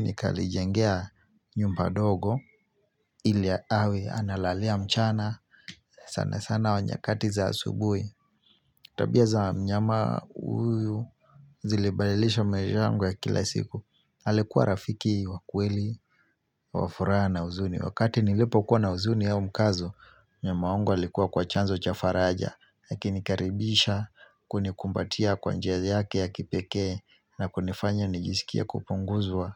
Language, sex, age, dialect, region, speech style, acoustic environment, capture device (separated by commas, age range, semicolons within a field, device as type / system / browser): Swahili, male, 25-34, Kenya - Mombasa, Kenya, focused, nature, mobile / Linux / Chrome